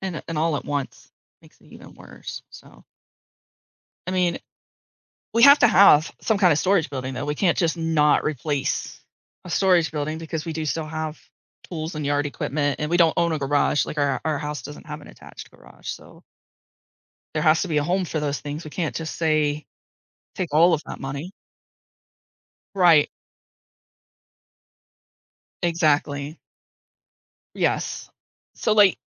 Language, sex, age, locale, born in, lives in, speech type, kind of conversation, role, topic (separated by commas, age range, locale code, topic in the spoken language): English, female, 40-44, en-US, United States, United States, conversation, advice, user, How can I prepare for unexpected expenses?